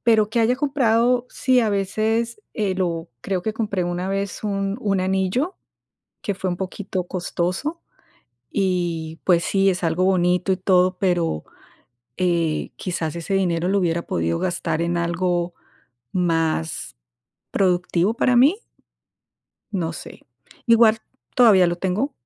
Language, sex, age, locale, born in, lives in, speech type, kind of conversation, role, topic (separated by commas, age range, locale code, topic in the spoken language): Spanish, female, 50-54, es-CO, Colombia, United States, conversation, unstructured, none, ¿Cómo decides cuándo gastar o ahorrar dinero?